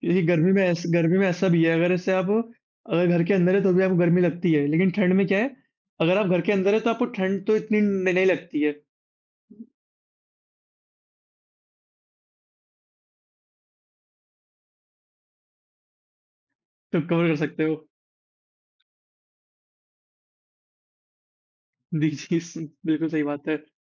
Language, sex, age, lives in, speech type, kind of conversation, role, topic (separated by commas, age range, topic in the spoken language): Hindi, male, 18-19, India, conversation, unstructured, none, आप अपना पसंदीदा मौसम कैसे चुनते हैं?